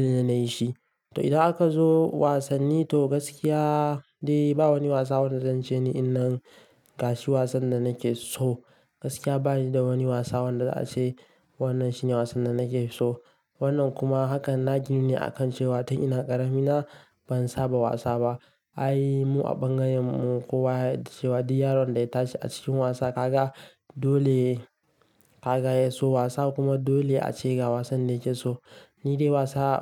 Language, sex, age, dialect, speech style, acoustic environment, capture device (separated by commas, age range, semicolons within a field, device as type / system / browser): Hausa, male, 18-24, Nigeria - Kano, relaxed, silence; nature, mobile / Linux / Chrome